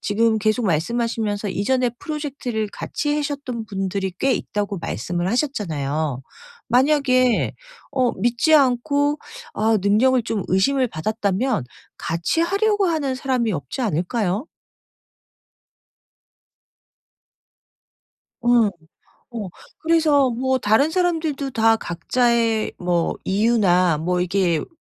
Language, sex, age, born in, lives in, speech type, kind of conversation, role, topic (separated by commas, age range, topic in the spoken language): Korean, female, 50-54, South Korea, United States, conversation, advice, advisor, 자기 능력을 의심하고 불안할 때 어떻게 해야 하나요?